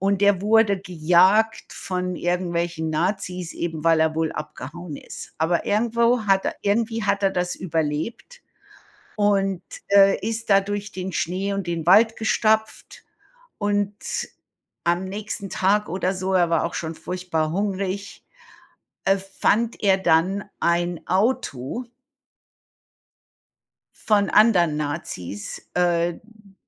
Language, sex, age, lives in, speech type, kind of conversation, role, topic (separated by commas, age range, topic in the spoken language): German, female, 55-59, United States, conversation, unstructured, none, Wie beeinflussen Filme deine Stimmung?